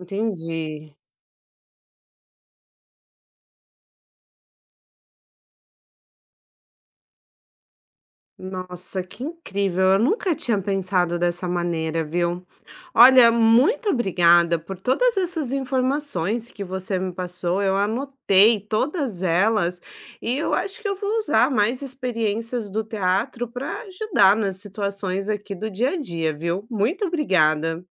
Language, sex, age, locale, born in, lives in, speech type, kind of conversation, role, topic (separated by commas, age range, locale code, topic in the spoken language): Portuguese, female, 30-34, pt-BR, Brazil, Portugal, read, expert-assistant, asker, Como as experiências no teatro podem ajudar a lidar melhor com situações do dia a dia?